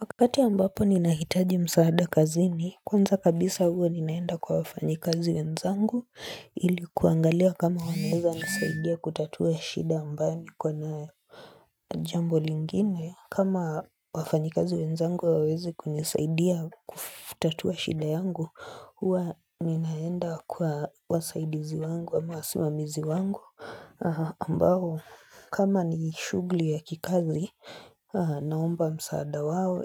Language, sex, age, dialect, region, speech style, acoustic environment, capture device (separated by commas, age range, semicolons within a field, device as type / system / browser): Swahili, female, 25-34, Kenya - Nairobi Sheng-influenced, Kenya, focused, silence, mobile / Linux / Chrome